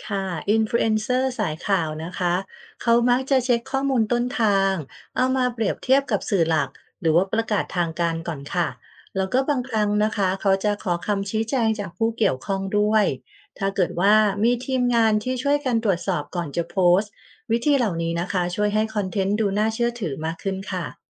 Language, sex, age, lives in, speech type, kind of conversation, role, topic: Thai, female, 45-49, Thailand, read, expert-assistant, expert, สื่อดิจิทัลเปลี่ยนวิธีที่คนไทยรับรู้ข่าวสารและพูดคุยเรื่องสังคมไปอย่างไรบ้าง?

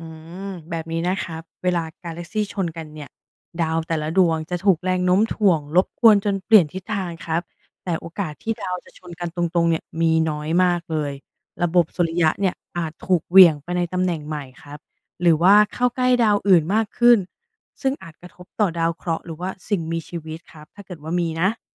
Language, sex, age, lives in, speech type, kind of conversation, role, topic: Thai, female, 35-39, Thailand, read, expert-assistant, expert, แสงดาวที่เราเห็นบนฟ้ามาจากอดีตจริงหรือ? เรื่องนี้เกิดขึ้นได้อย่างไร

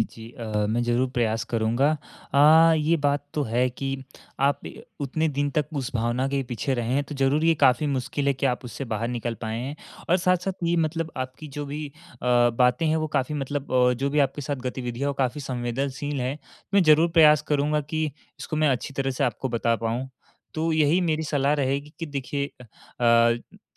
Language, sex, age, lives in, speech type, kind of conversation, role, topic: Hindi, male, 18-19, India, conversation, advice, advisor, टूटे रिश्ते को स्वीकार कर आगे कैसे बढ़ूँ?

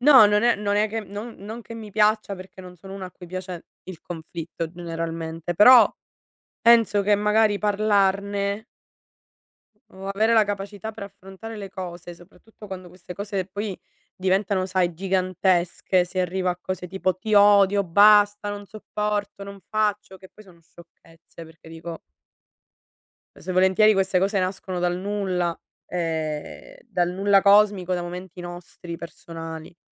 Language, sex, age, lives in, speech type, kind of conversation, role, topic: Italian, female, 60-64, Italy, conversation, unstructured, none, In che modo il perdono può aiutare a risolvere un conflitto?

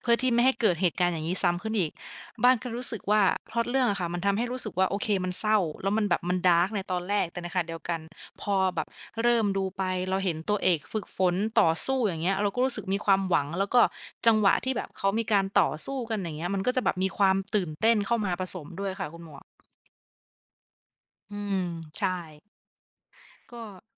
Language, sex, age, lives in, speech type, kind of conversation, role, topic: Thai, female, 25-29, Thailand, conversation, unstructured, none, คุณเคยรู้สึกเศร้าจากหนังเรื่องไหนบ้าง?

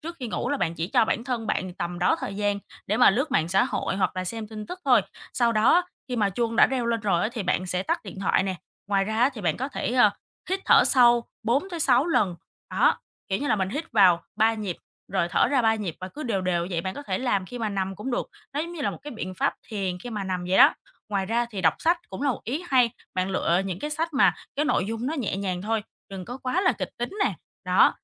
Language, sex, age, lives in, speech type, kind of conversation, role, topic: Vietnamese, female, 25-29, Vietnam, conversation, advice, advisor, Bạn bị mất ngủ và lo lắng vào ban đêm vì công việc như thế nào?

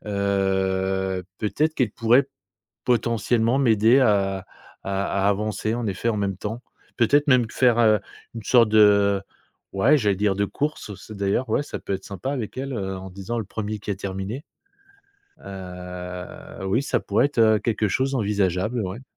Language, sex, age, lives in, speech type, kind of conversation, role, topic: French, male, 50-54, France, conversation, advice, user, Comment décririez-vous votre procrastination sur un projet important dont la date limite approche ?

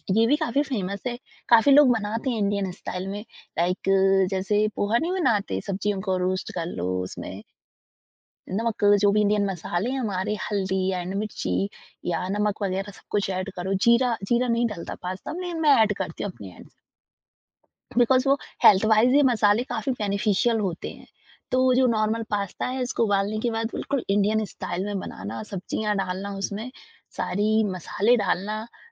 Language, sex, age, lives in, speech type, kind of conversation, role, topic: Hindi, female, 20-24, India, conversation, podcast, guest, Aapko kab aur kaise laga ki khana banana aapke liye ek tarah ki therapy hai?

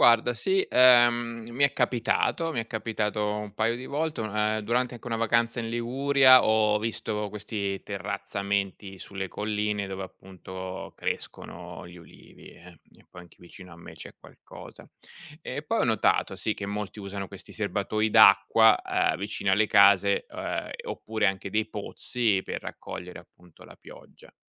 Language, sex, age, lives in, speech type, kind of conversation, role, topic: Italian, male, 40-44, Italy, read, expert-assistant, asker, Quali sono le caratteristiche dei climi mediterranei?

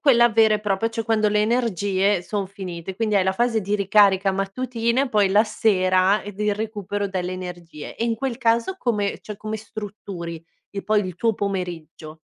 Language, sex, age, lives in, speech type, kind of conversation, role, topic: Italian, female, 30-34, Italy, conversation, podcast, host, Quali abitudini quotidiane ti aiutano davvero nel recupero?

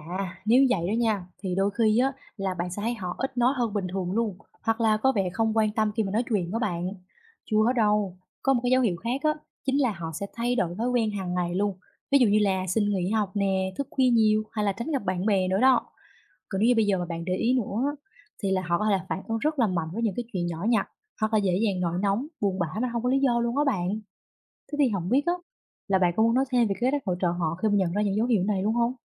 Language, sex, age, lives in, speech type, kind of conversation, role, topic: Vietnamese, female, 20-24, Vietnam, read, expert-assistant, expert, Làm sao để phát hiện và giúp đỡ bạn bè khi họ gặp khó khăn?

